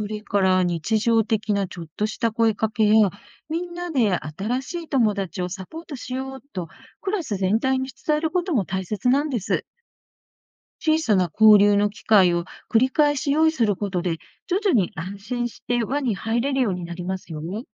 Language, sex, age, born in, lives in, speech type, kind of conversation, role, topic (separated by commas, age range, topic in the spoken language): Japanese, female, 60-64, Japan, Japan, read, expert-assistant, expert, インクルーシブ教育とはどのような教育ですか？